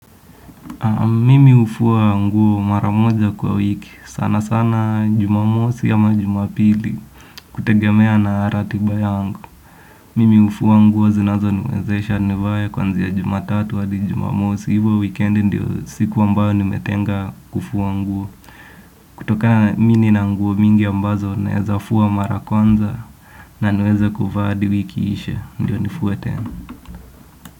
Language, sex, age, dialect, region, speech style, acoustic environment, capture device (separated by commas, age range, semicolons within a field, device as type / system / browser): Swahili, male, 18-24, Kenya - Nairobi Sheng-influenced, Kenya, relaxed, silence, desktop / Windows / Edge